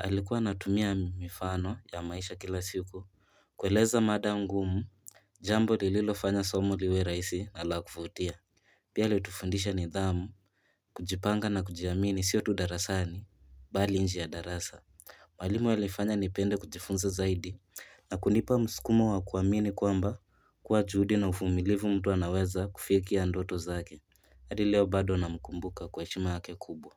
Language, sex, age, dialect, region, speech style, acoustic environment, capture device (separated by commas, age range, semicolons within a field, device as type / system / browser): Swahili, male, 18-24, Kenya - Nairobi Sheng-influenced, Kenya, relaxed, nature, mobile / Linux / Chrome